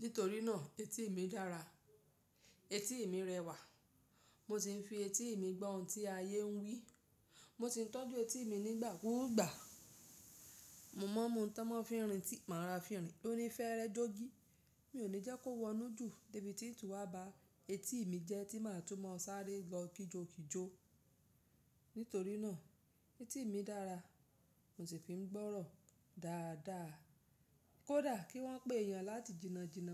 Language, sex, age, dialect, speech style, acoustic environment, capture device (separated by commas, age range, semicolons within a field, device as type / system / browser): Yoruba, female, 35-44, Nigeria - Lagos Yoruba, relaxed; excited, silence, mobile / Linux / Chrome